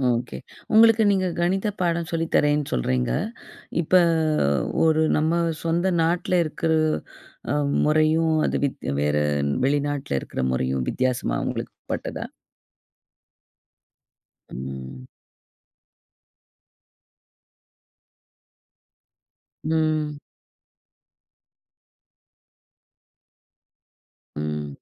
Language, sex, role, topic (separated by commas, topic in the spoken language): Tamil, female, host, நீ எதிர்பாராத நேரத்தில் கிடைத்த ஒரு வாய்ப்பு உன்னை எப்படி மாற்றியது?